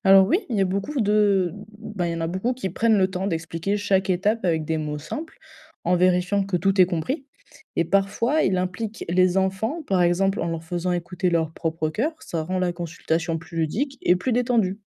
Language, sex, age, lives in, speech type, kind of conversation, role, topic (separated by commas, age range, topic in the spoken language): French, female, 20-24, France, read, expert-assistant, expert, Comment pourrait-on réinventer l’expérience d’une visite chez le médecin pour qu’elle soit moins stressante ?